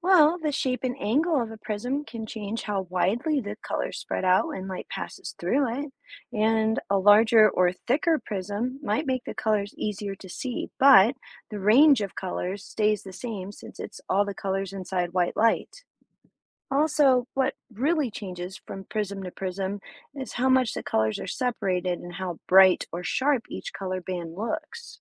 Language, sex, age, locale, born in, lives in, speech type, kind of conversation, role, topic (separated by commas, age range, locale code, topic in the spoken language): English, female, 35-39, en-US, United States, United States, read, expert-assistant, expert, How does a prism split light into colors?